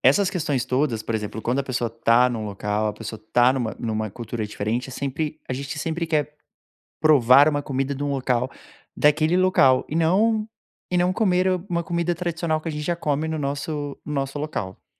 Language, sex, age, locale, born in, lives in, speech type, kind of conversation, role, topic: Portuguese, male, 30-34, pt-BR, Brazil, Portugal, conversation, advice, user, Como você costuma perder hábitos saudáveis durante viagens ou nos fins de semana?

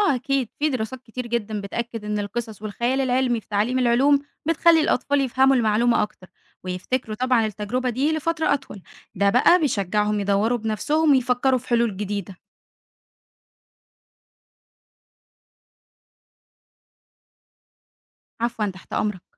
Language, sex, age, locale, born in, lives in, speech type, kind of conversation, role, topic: Arabic, female, 20-24, ar-EG, Egypt, Egypt, read, expert-assistant, expert, تخيل لو اكتشفنا كائنات حية بسيطة على كوكب تاني في مجموعتنا الشمسية، زي المريخ أو أوروبا. يا ترى ده ممكن يغير إزاي نظرتنا للحياة والدين والعلم؟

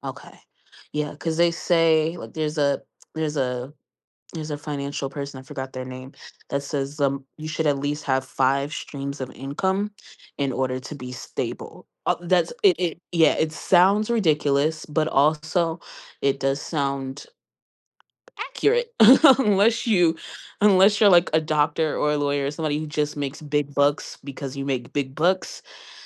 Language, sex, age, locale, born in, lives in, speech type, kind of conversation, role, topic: English, female, 25-29, en-US, United States, United States, conversation, advice, advisor, How can I manage my budget and reduce stress when I'm worried about covering next month's bills?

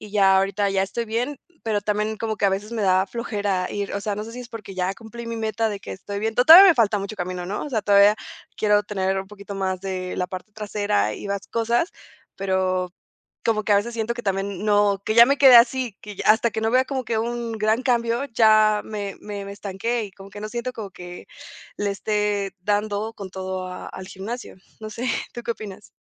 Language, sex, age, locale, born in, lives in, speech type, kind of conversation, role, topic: Spanish, female, 20-24, es-MX, Mexico, Mexico, conversation, advice, user, ¿Cómo puedes recuperarte mentalmente después de un estancamiento o un retroceso en tu condición física?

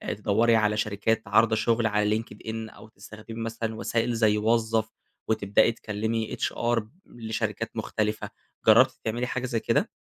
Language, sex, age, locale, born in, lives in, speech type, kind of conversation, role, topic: Arabic, male, 20-24, ar-EG, Egypt, Egypt, conversation, advice, advisor, إزاي فقدت شغلك فجأة وبقيت محتار تعمل إيه بعد كده؟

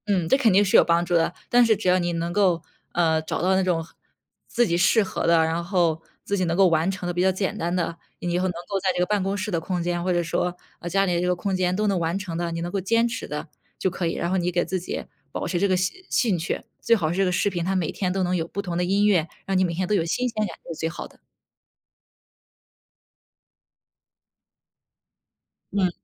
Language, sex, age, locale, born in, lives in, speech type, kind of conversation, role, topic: Chinese, female, 25-29, zh-CN, China, United States, conversation, advice, advisor, 我久坐不动想改变却缺乏动力，该怎么开始行动？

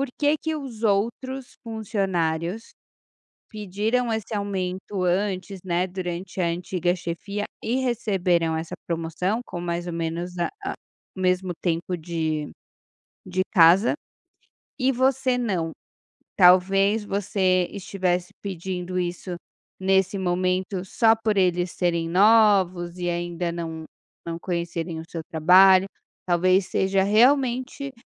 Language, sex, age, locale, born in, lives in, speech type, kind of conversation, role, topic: Portuguese, female, 35-39, pt-BR, Brazil, Portugal, conversation, advice, advisor, Como posso superar o receio de pedir um aumento ou uma promoção?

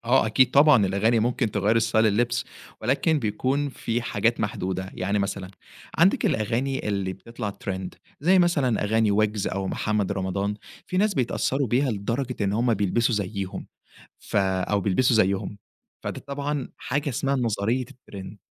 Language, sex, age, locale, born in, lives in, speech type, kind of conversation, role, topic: Arabic, male, 20-24, ar-EG, Egypt, Egypt, conversation, podcast, guest, هل السوشيال ميديا بتضغط على ستايل الناس جامد؟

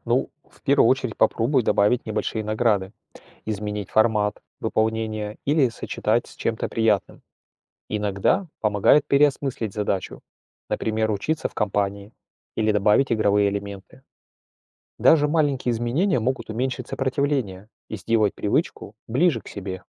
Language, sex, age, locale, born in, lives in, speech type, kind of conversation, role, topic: Russian, male, 45-49, ru-RU, Russia, Germany, read, expert-assistant, expert, Какой способ помогает легче всего начать и удерживать полезную привычку?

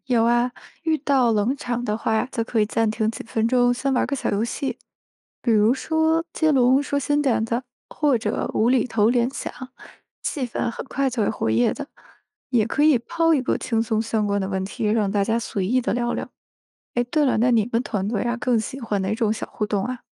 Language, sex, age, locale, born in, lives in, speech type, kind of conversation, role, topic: Chinese, female, 30-34, zh-CN, China, United States, read, expert-assistant, expert, 当你觉得思路卡住时，有哪些意想不到的小技巧能帮你打开思路？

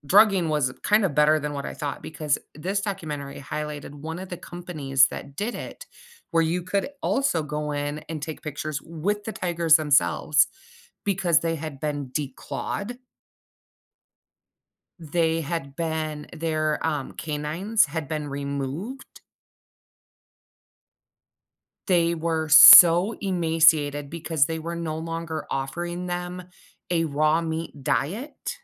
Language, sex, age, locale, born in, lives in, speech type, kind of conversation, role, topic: English, female, 35-39, en-US, United States, United States, conversation, podcast, host, How can travel shape who we become and the way we see the world?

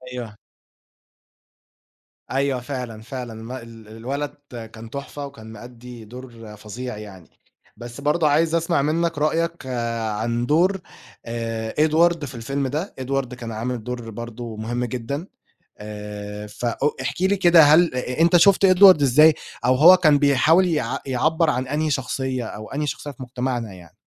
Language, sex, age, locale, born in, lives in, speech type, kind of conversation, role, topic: Arabic, male, 20-24, ar-EG, Egypt, Egypt, conversation, podcast, host, إيه هو الفيلم اللي أثّر فيك وليه؟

